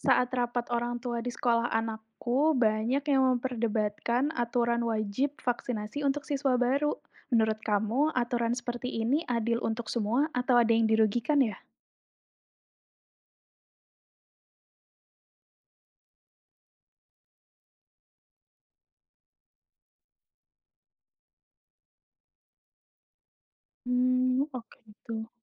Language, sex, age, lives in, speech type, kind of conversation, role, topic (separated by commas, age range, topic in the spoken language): Indonesian, female, 30-34, Indonesia, read, expert-assistant, asker, Bagaimana perasaanmu tentang aturan wajib vaksinasi? Apakah itu adil untuk semua orang?